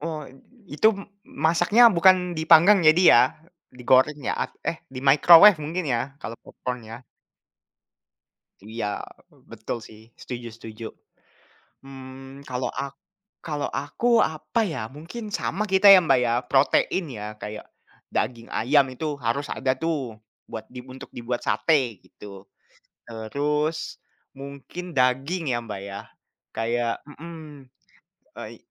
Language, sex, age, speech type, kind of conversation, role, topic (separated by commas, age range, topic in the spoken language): Indonesian, male, 20-24, conversation, unstructured, none, Masakan apa yang paling cocok disajikan untuk merayakan tahun baru?